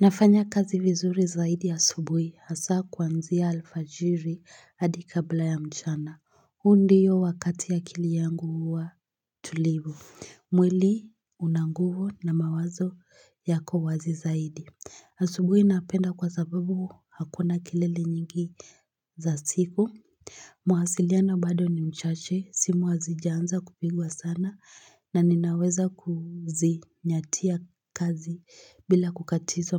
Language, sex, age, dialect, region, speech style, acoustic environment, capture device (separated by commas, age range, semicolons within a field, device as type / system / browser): Swahili, female, 18-24, Kenya - Nairobi Sheng-influenced, Kenya, focused; excited; happy, silence, mobile / Linux / Chrome